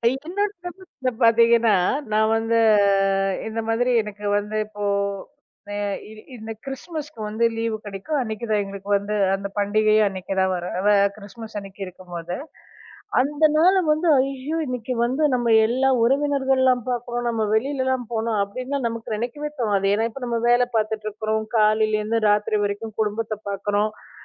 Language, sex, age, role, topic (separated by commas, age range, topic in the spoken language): Tamil, female, 40-44, guest, வேலை உன் குடும்பத்தை எப்படி மாற்றியுள்ளது?